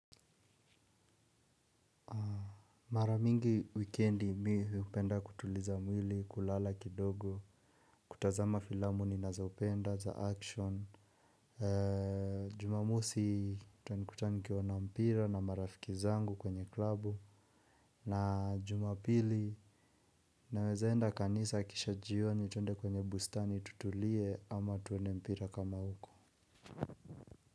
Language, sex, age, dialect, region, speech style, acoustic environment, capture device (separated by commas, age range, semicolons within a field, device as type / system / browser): Swahili, male, 25-34, Kenya - Nairobi Sheng-influenced, Kenya, relaxed, silence, mobile / Linux / Chrome